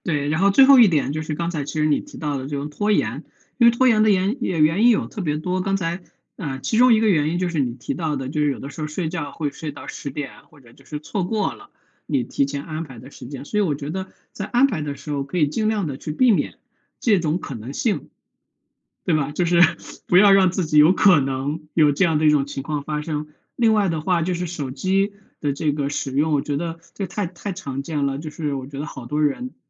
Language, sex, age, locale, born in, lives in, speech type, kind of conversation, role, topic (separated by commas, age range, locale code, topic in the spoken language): Chinese, male, 35-39, zh-CN, China, United States, conversation, advice, advisor, 为什么每天坚持学习一项新技能这么困难？